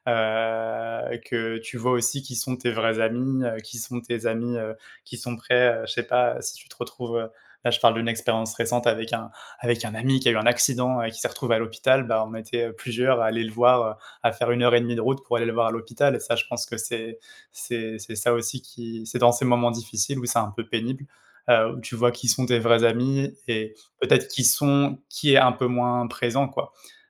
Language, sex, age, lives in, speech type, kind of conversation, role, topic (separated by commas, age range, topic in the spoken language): French, male, 30-34, France, conversation, unstructured, none, Qu’est-ce qui rend une amitié vraiment forte selon toi ?